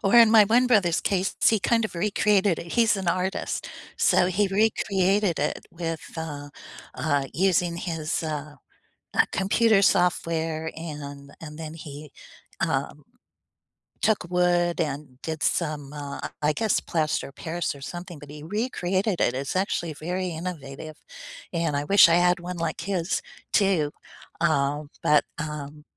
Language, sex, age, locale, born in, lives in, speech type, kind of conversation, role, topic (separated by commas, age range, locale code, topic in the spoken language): English, female, 70-74, en-US, United States, United States, conversation, unstructured, none, Which childhood memory still makes you smile today, and what makes it so special to you?